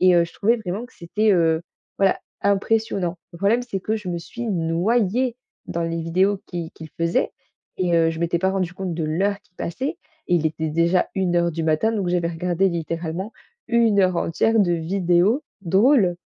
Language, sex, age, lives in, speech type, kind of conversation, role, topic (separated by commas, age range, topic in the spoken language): French, female, 20-24, France, conversation, advice, user, Comment réduire mon temps d’écran avant d’aller dormir ?